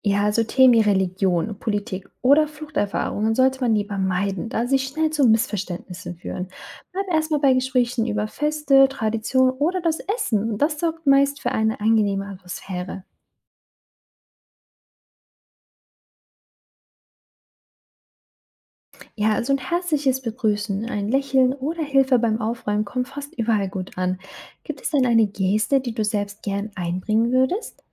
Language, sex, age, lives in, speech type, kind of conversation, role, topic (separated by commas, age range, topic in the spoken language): German, female, 25-29, Germany, read, expert-assistant, expert, Wie könnten wir im Alltag mehr aus den unterschiedlichen Kulturen in unserer Nachbarschaft lernen?